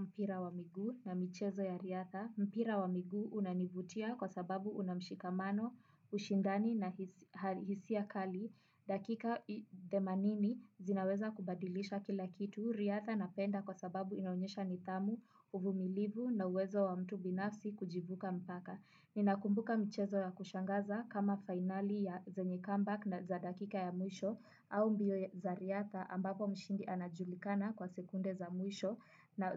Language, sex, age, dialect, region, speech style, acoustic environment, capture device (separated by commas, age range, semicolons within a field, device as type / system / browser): Swahili, female, 18-24, Kenya - Nairobi Sheng-influenced, Kenya, relaxed, silence, desktop / Windows / Chrome